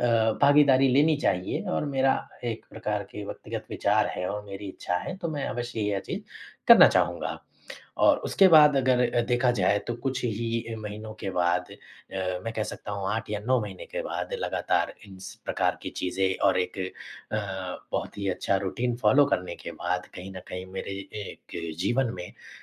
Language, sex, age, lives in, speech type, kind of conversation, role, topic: Hindi, male, 25-29, India, conversation, podcast, guest, रोज़मर्रा की छोटी-छोटी आदतों ने आपको कैसे बदला है?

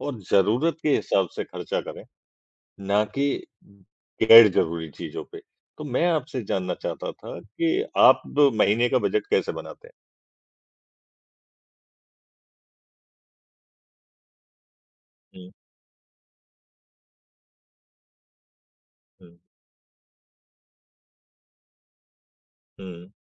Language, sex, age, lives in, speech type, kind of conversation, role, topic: Hindi, male, 55-59, India, conversation, unstructured, none, पैसे की बचत और खर्च के बीच संतुलन कैसे बनाएं?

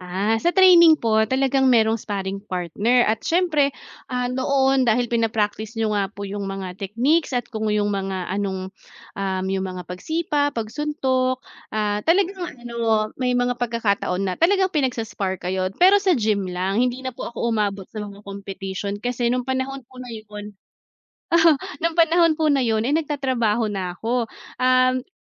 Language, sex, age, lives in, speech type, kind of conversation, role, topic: Filipino, female, 30-34, Philippines, conversation, unstructured, none, Anong isport ang pinakanagpapasaya sa’yo?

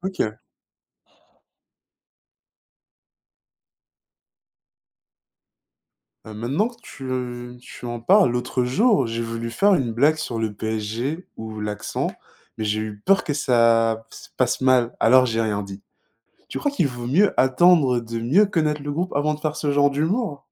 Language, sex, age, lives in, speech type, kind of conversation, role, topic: French, male, 20-24, France, read, expert-assistant, asker, Comment s’intégrer dans une équipe en adoptant les expressions et traditions locales ?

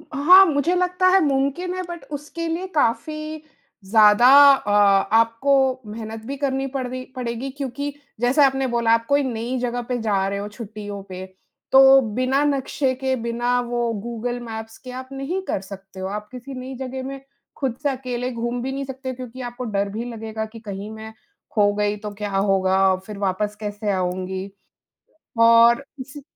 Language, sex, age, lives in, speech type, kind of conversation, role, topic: Hindi, female, 35-39, India, conversation, unstructured, none, आप अपने जीवन में डिजिटल डिटॉक्स को कैसे शामिल करते हैं?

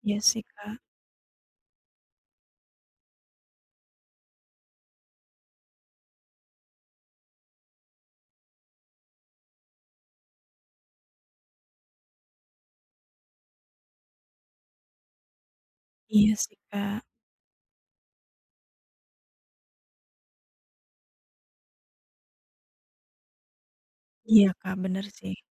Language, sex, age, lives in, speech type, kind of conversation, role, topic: Indonesian, female, 30-34, Indonesia, conversation, advice, user, Mengapa saya terus membandingkan diri saya dengan orang lain?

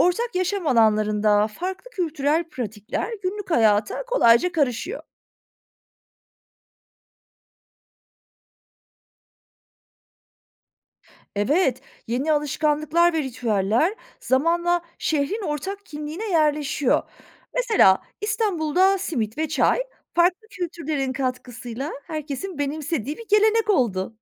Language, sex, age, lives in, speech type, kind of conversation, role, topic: Turkish, female, 40-44, Germany, read, expert-assistant, expert, Bir şehirde farklı kültürlerin bir arada yaşaması günlük yaşantıyı nasıl değiştirebilir?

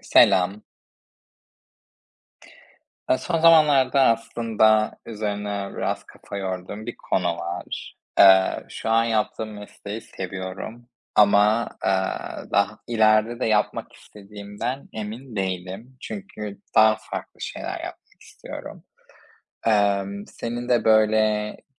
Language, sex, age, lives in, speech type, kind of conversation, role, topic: Turkish, male, 25-29, Spain, conversation, unstructured, none, Gelecekte hangi mesleği yapmak istiyorsun ve hayalindeki kariyer nasıl bir şey?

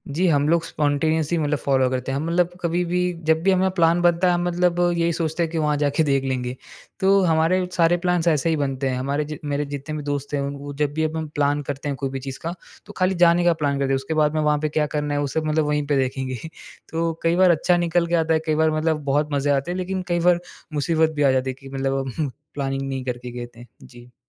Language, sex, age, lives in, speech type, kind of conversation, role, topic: Hindi, male, 20-24, India, conversation, podcast, guest, Jab tum low feel karte ho, toh apne aap ko kaise sambhalte ho?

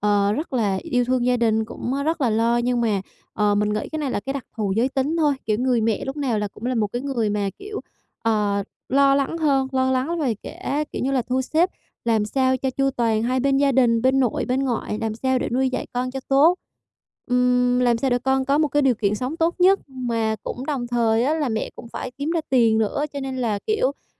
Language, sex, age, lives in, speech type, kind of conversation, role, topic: Vietnamese, female, 25-29, Vietnam, conversation, advice, user, Làm sao để học cách chấp nhận cảm xúc lo âu hằng ngày?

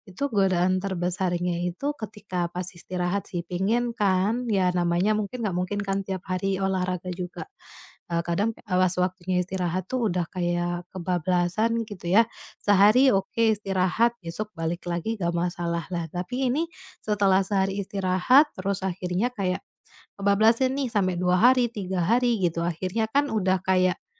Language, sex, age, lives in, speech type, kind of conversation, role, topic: Indonesian, female, 30-34, Indonesia, conversation, advice, user, Bagaimana cara tetap konsisten makan sehat dan menjalankan pola makan yang teratur?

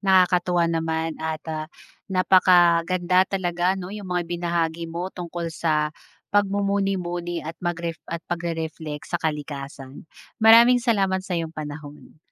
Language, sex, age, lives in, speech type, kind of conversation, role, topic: Filipino, female, 30-34, Philippines, conversation, podcast, host, Paano mo ginagamit ang kalikasan para magmuni-muni?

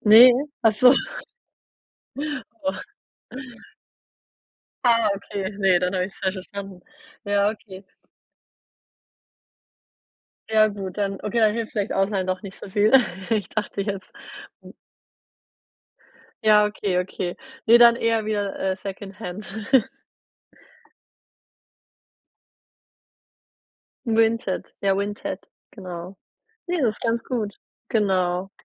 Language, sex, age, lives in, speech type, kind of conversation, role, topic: German, female, 30-34, Germany, conversation, advice, advisor, Wie kann ich meine Kaufentscheidung vor dem Abschluss kritischer hinterfragen?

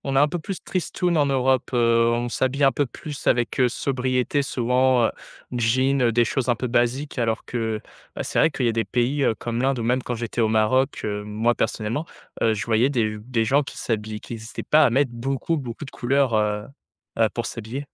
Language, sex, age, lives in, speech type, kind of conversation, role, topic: French, male, 20-24, France, conversation, podcast, host, Comment tes voyages ont-ils modifié ton style vestimentaire ?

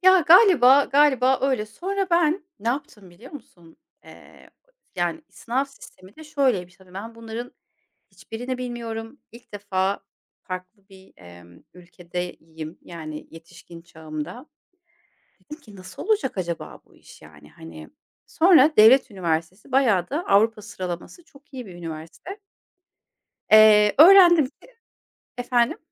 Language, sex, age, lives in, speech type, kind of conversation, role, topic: Turkish, female, 40-44, Germany, conversation, podcast, guest, Seni en çok gururlandıran an hangisiydi, anlatır mısın?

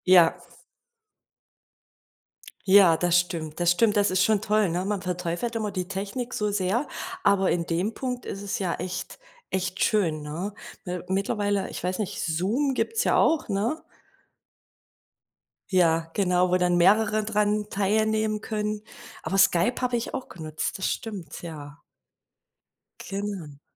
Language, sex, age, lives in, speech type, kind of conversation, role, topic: German, female, 40-44, Germany, conversation, podcast, host, Wie kann Technik dabei helfen, Familie und Beruf besser zu vereinbaren?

